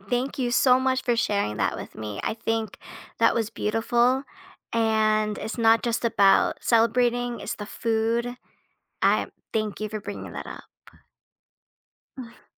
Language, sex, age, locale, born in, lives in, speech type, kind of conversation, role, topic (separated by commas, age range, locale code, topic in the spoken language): English, female, 30-34, en-US, United States, United States, conversation, podcast, host, Why do shared celebrations play such an important role in building community and connection?